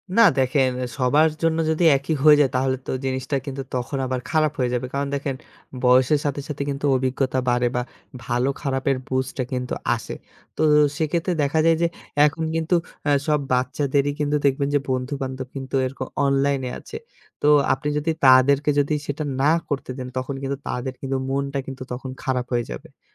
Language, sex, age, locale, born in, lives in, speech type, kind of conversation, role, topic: Bengali, male, 25-29, bn-BD, Bangladesh, Bangladesh, conversation, podcast, guest, বাচ্চাদের স্ক্রিন ব্যবহার কীভাবে নিয়ন্ত্রণ করবেন বলে আপনার পরামর্শ কী?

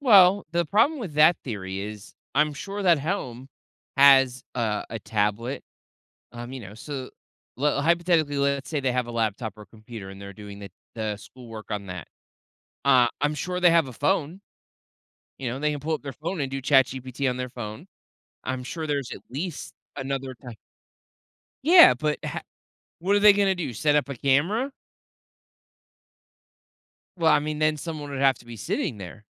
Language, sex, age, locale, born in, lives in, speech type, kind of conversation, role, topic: English, male, 30-34, en-US, United States, United States, conversation, podcast, guest, How is technology changing the way we learn and teach today?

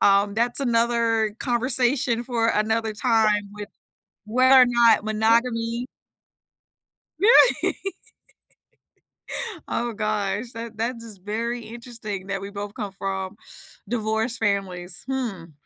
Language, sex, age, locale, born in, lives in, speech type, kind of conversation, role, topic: English, female, 45-49, en-US, United States, United States, conversation, unstructured, none, What memory still motivates you to take action?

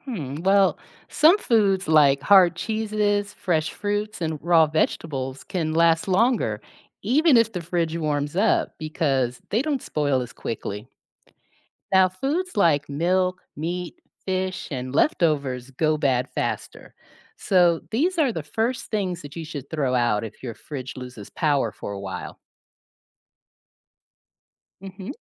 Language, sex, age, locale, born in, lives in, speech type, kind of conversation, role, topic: English, female, 50-54, en-US, United States, United States, read, expert-assistant, expert, How does a refrigerator keep food cold and food safe?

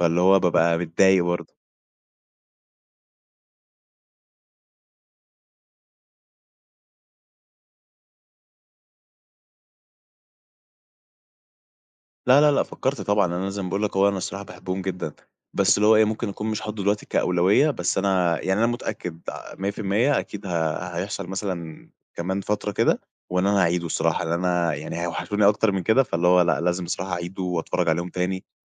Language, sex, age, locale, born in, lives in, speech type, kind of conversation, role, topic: Arabic, male, 20-24, ar-EG, Egypt, Egypt, conversation, podcast, guest, إيه المسلسل اللي حاسس إنك مش قادر تستغنى عنه؟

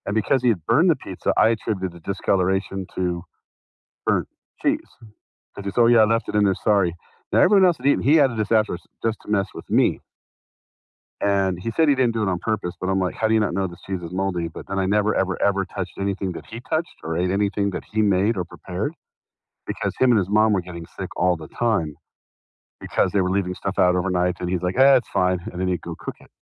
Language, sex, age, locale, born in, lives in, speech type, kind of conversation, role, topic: English, male, 50-54, en-US, United States, United States, conversation, unstructured, none, How does the fear of foodborne illness affect your eating habits?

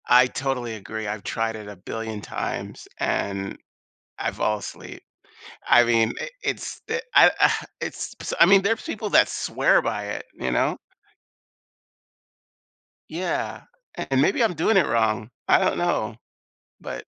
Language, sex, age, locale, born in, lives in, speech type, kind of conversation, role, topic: English, male, 55-59, en-US, United States, United States, conversation, podcast, host, How do you find balance and unwind after a stressful day?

